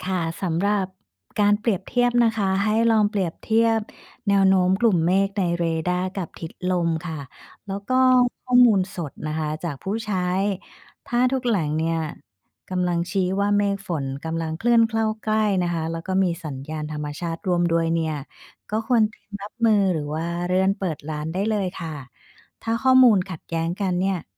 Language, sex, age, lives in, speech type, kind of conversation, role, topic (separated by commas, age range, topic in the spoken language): Thai, female, 45-49, Thailand, read, expert-assistant, expert, ถ้าอยากติดตามพยากรณ์อากาศที่แม่นยำในไทย ควรเช็คจากที่ไหนดีที่สุด?